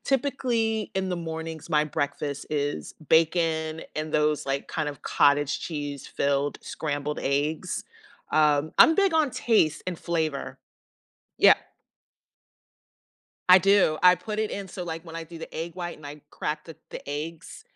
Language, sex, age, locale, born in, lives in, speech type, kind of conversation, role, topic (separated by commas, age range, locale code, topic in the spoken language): English, female, 50-54, en-US, United States, United States, conversation, unstructured, none, How can we add simple movement and play to feel more connected?